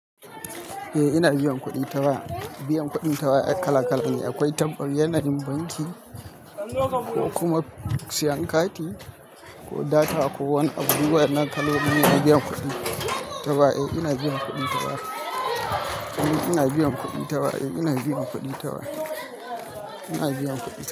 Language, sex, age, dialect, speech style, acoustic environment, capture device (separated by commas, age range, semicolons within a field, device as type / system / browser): Hausa, male, 25-34, Nigeria - Kano, excited; stressed; nervous; anxious; tired, people talking, mobile / Linux / Chrome